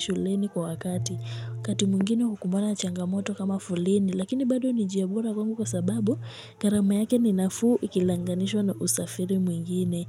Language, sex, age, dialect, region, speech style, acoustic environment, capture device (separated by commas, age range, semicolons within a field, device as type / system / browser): Swahili, female, 18-24, Kenya - Nairobi Sheng-influenced, Kenya, excited; focused, people talking; music; nature, mobile / Linux / Chrome